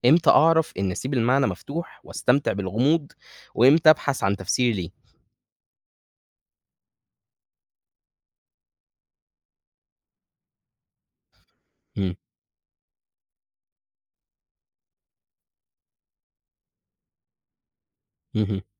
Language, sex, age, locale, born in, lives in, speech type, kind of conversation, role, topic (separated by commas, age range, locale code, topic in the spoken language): Arabic, male, 20-24, ar-EG, Egypt, Egypt, read, expert-assistant, asker, لو بحب أقرا أدب من بلاد تانية بس ساعات بحس إني مش فاهم كل الرموز أو المعاني، ممكن أعمل إيه؟